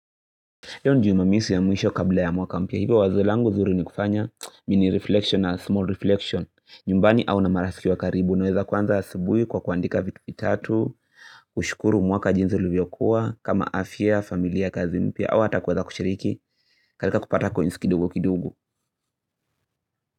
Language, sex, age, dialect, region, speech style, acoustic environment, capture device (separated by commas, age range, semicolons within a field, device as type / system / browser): Swahili, male, 18-24, Kenya - Nairobi Sheng-influenced, Kenya, happy; excited; focused, silence, mobile / Linux / Chrome